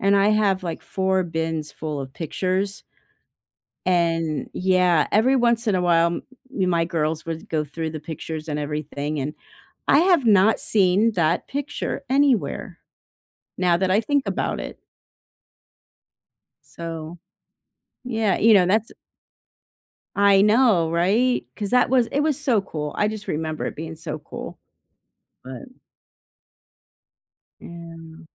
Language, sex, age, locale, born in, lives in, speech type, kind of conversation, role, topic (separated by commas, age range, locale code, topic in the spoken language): English, female, 55-59, en-US, United States, United States, conversation, unstructured, none, What class project did you love, and what made it memorable?